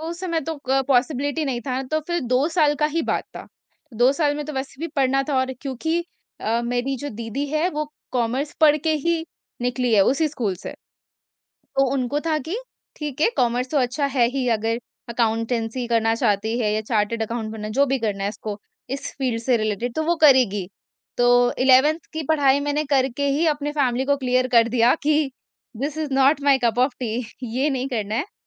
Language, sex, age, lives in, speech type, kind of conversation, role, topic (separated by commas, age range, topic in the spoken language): Hindi, female, 45-49, India, conversation, podcast, guest, Batao, college lene aur seedha kaam shuru karne ke beech tumne decide kaise kiya?